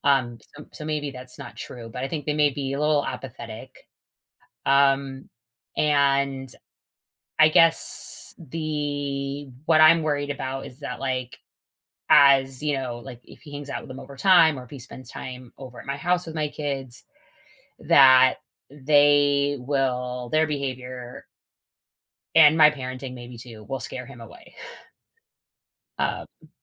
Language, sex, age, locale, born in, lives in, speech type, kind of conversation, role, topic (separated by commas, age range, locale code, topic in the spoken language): English, female, 40-44, en-US, United States, United States, conversation, advice, user, How can I improve communication in my long-term relationship?